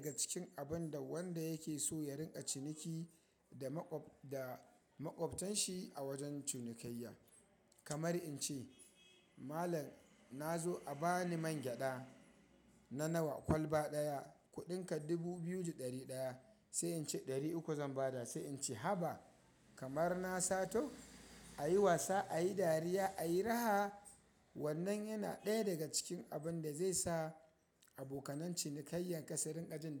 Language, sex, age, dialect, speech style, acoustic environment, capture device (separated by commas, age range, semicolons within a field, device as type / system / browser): Hausa, male, 25-34, Nigeria - Kano, happy; excited, people talking; nature, mobile / Linux / Chrome